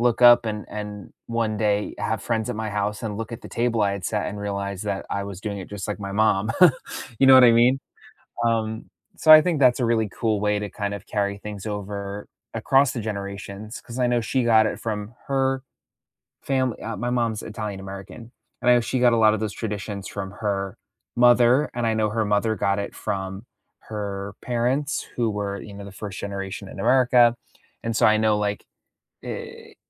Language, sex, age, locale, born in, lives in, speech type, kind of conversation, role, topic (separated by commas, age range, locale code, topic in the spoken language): English, male, 25-29, en-US, United States, United States, conversation, unstructured, none, How do your weekend DIY projects and family meals bring everyone together?